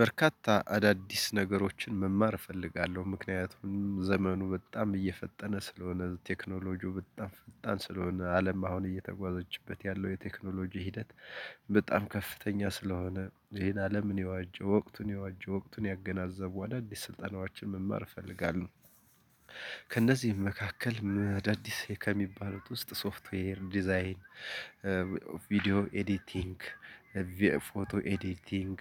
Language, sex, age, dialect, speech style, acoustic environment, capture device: Amharic, male, 35-44, Ethiopia - Gojjam, happy; relaxed; focused, nature, desktop / Linux / Chrome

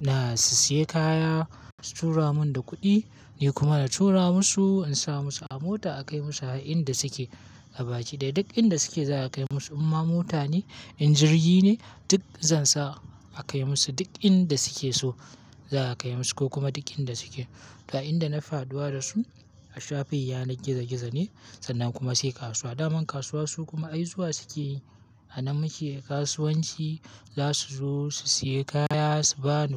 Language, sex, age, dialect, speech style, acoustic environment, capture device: Hausa, male, 18-24, Nigeria - Kano, happy, silence; nature, mobile / Linux / Chrome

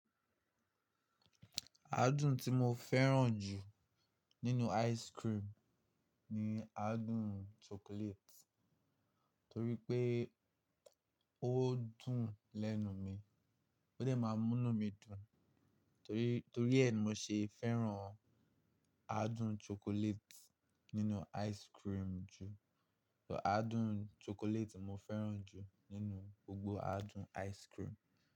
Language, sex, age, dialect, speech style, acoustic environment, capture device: Yoruba, male, 18-24, Nigeria - Nigerian Standard, happy; relaxed, silence, mobile / Linux / Chrome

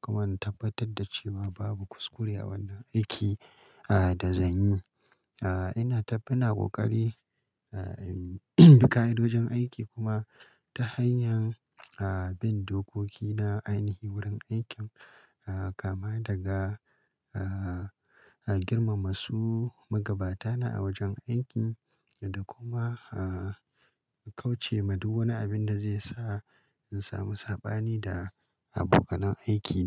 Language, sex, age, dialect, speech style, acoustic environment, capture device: Hausa, male, 35-44, Nigeria - Kano, happy; relaxed, silence, mobile / Linux / Chrome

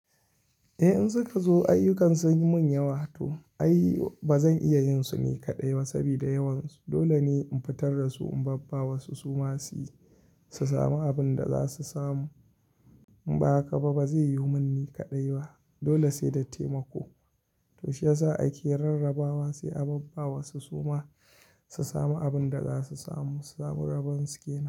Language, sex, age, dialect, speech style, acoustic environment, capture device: Hausa, male, 25-34, Nigeria - Kano, happy; anxious; relaxed; excited; nervous; focused; stressed, people talking; music; nature; silence; construction; appliances; traffic, mobile / Linux / Chrome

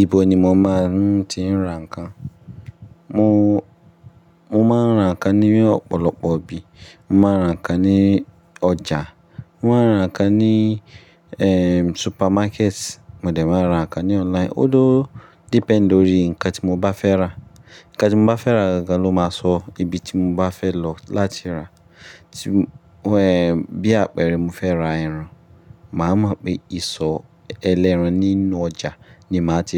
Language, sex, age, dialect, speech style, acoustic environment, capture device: Yoruba, male, 25-34, Nigeria - Ibadan, relaxed, nature; appliances, mobile / Linux / Chrome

